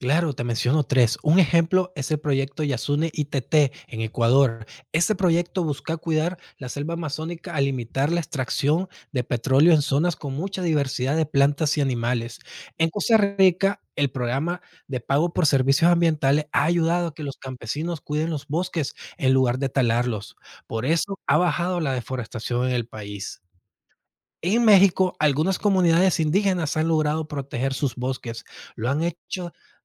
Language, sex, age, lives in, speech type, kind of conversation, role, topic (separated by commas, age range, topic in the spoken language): Spanish, male, 30-34, United States, read, expert-assistant, expert, ¿Qué efectos tiene la deforestación en los ecosistemas?